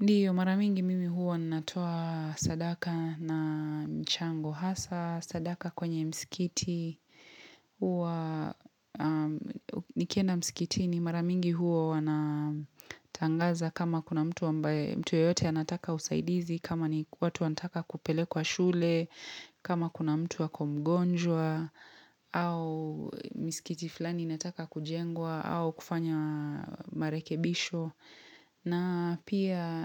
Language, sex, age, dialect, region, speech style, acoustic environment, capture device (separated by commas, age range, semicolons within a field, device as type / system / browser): Swahili, female, 25-34, Kenya - Mombasa, Kenya, relaxed; happy; focused; excited, silence, mobile / Linux / Chrome